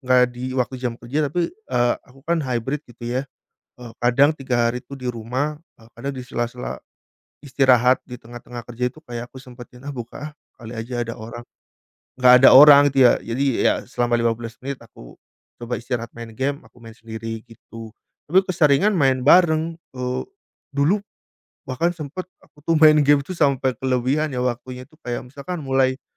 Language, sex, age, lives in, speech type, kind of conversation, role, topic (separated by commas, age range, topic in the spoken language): Indonesian, male, 30-34, Indonesia, conversation, podcast, guest, Permainan apa yang paling kamu suka dan selalu bikin kamu rileks?